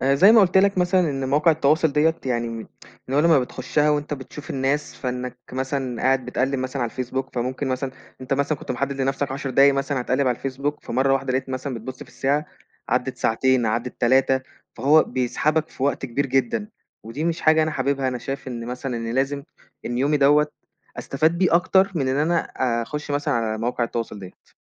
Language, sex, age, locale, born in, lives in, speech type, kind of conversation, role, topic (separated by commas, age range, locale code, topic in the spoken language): Arabic, male, 20-24, ar-EG, Egypt, Egypt, conversation, podcast, guest, إزاي بتوازن بين وقتك قدّام الشاشة وراحتك النفسية؟